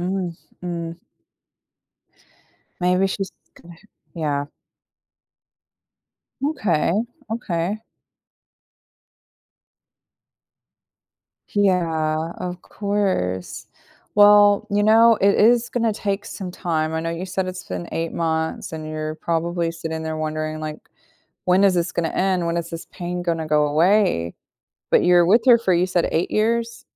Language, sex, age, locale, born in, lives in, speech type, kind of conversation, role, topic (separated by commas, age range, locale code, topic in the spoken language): English, female, 35-39, en-US, United States, United States, conversation, advice, advisor, How do I cope and decide whether to reconnect after a sudden breakup from a long relationship?